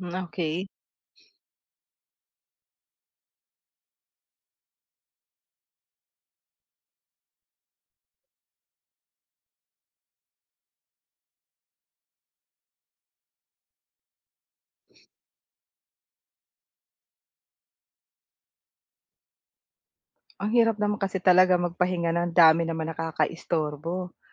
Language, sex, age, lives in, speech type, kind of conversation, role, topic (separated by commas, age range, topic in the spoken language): Filipino, female, 45-49, Philippines, conversation, advice, advisor, Paano ko mababawasan ang mga istorbo kapag nagpapahinga ako?